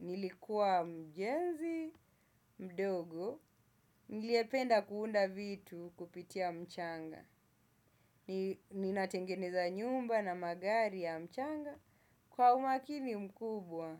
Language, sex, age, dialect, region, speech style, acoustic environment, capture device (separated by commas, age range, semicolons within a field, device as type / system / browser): Swahili, male, 25-34, Kenya - Mombasa, Kenya, happy; focused, silence; nature, desktop / Windows / Chrome